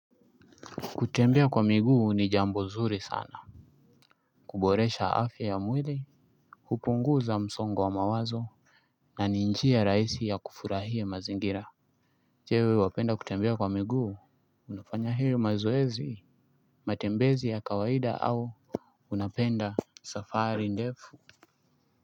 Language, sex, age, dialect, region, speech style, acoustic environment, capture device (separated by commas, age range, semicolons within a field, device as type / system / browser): Swahili, male, 25-34, Kenya - Mombasa, Kenya, excited; focused; anxious, nature; people talking; music; appliances, desktop / Linux / Chrome